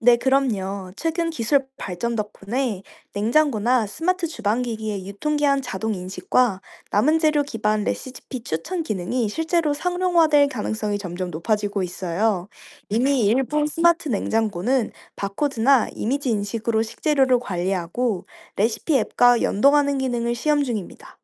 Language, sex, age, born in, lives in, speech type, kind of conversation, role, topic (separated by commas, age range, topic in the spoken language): Korean, female, 25-29, South Korea, France, read, expert-assistant, expert, 바쁜 일상 속에서도 영양을 잘 챙길 수 있는 새로운 아이디어가 있다면 무엇이 있을까요?